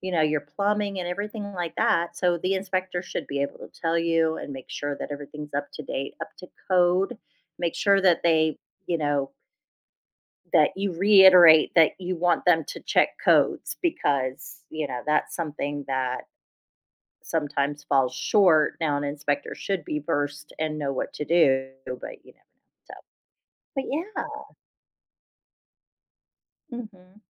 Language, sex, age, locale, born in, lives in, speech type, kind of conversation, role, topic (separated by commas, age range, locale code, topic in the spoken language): English, female, 45-49, en-US, United States, United States, conversation, advice, advisor, How do I buy my first home?